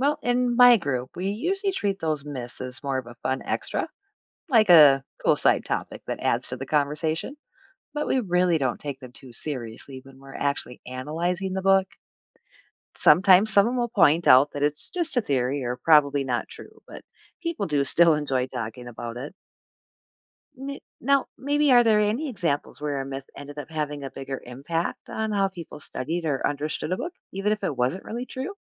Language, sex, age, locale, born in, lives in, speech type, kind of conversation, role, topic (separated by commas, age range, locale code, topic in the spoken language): English, female, 45-49, en-US, United States, United States, read, expert-assistant, asker, How can literary influence between novels be verified?